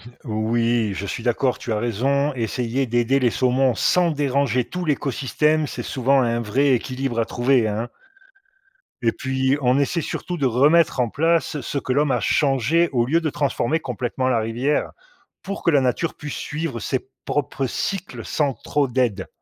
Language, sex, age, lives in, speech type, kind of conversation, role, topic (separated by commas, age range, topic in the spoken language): French, male, 50-54, Portugal, read, expert-assistant, expert, Comment les saumons remontent-ils les rivières pour pondre ?